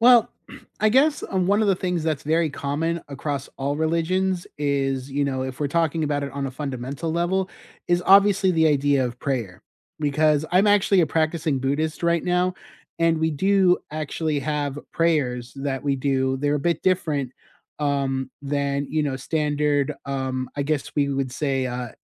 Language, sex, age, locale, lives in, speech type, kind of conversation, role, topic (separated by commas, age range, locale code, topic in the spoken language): English, male, 35-39, en-US, United States, conversation, unstructured, none, What are some unexpected similarities between different religions?